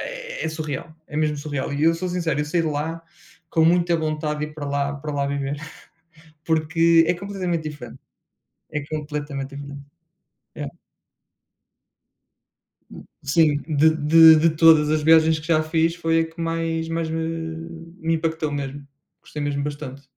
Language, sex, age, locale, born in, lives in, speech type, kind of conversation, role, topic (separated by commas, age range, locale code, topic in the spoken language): Portuguese, male, 30-34, pt-PT, Portugal, Portugal, conversation, unstructured, none, O que faz uma viagem ser realmente inesquecível para você?